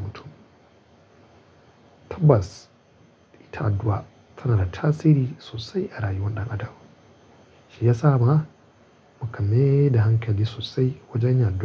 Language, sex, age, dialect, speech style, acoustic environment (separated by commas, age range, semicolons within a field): Hausa, male, 25-34, Nigeria - Kano, focused, nature